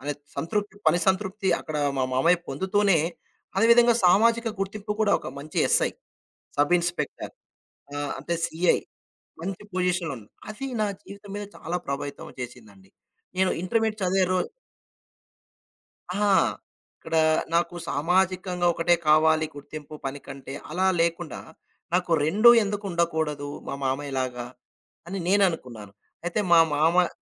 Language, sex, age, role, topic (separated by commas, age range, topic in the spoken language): Telugu, male, 35-39, guest, సామాజిక గుర్తింపు, పనిలో సంతృప్తి—వీటిలో మీకు ఏది నిజమైన విజయంగా అనిపిస్తుంది?